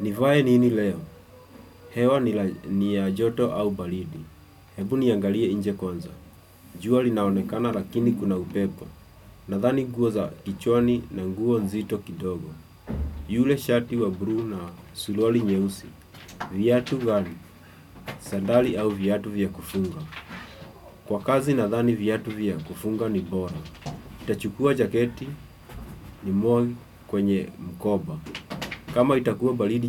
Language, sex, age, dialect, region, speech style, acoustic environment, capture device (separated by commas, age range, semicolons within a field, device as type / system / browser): Swahili, male, 25-34, Kenya - Nairobi Sheng-influenced, Kenya, relaxed, nature, desktop / Windows / Chrome